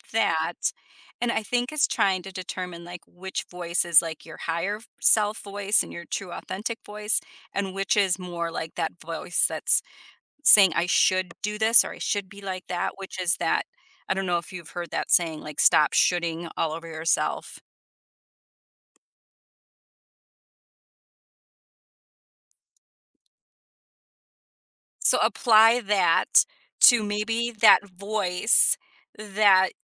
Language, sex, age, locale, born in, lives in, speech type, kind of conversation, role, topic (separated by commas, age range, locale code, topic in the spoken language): English, female, 45-49, en-US, United States, United States, conversation, advice, advisor, How can I build a daily routine that helps me stay productive and focused?